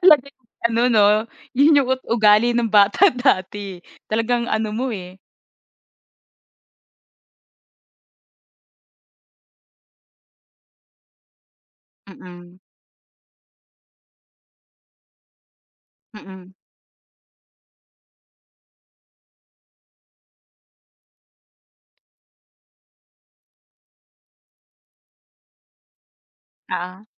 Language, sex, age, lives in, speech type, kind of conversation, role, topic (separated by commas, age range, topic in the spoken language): Filipino, female, 25-29, Philippines, conversation, podcast, host, Mayroon ka bang naging kasangkapan o larong bidyo noon na hindi mo makakalimutan?